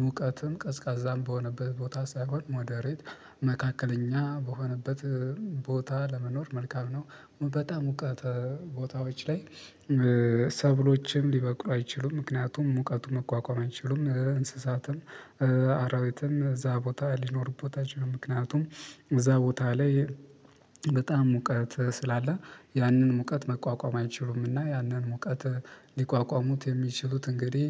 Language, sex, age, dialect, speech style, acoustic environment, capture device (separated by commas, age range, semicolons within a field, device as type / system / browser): Amharic, male, 18-24, Ethiopia - Gondar, happy, people talking, mobile / Linux / Chrome